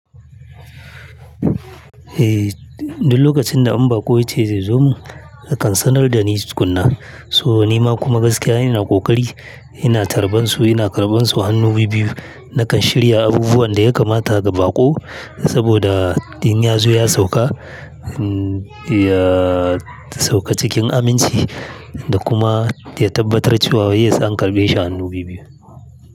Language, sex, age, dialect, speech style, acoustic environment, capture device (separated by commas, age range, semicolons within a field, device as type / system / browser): Hausa, male, 35-44, Nigeria - Kano, happy, silence, mobile / Linux / Chrome